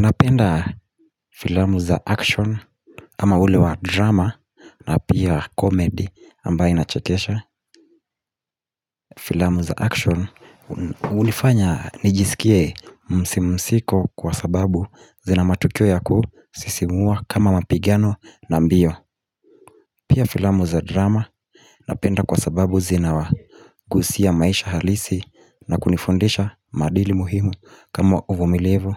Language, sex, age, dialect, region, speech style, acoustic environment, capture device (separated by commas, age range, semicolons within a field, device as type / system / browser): Swahili, male, 18-24, Kenya - Mombasa, Kenya, relaxed, nature, mobile / Linux / Chrome